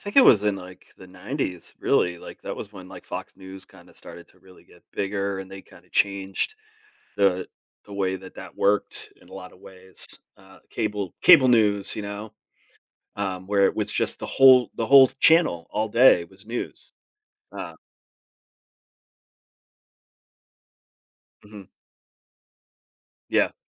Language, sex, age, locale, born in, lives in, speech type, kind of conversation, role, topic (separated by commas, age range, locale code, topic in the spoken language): English, male, 40-44, en-US, United States, United States, conversation, podcast, host, How can we stay informed about current events without letting negative news affect our well-being?